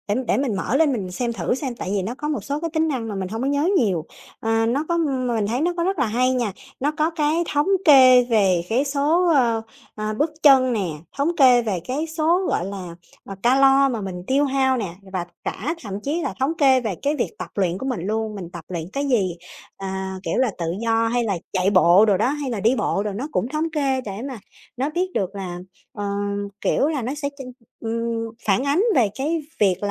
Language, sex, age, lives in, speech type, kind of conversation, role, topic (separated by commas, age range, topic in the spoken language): Vietnamese, female, 30-34, Vietnam, conversation, podcast, guest, Công nghệ theo dõi sức khỏe cá nhân sẽ thay đổi cách chúng ta chăm sóc sức khỏe như thế nào?